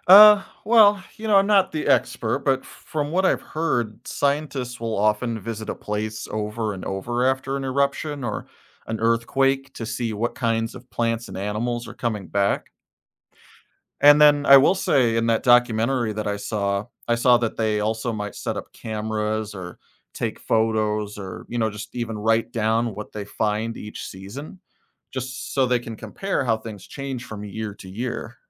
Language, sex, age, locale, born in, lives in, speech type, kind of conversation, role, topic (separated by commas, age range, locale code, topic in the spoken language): English, male, 35-39, en-US, United States, United States, read, expert-assistant, asker, What causes mountains to form over time?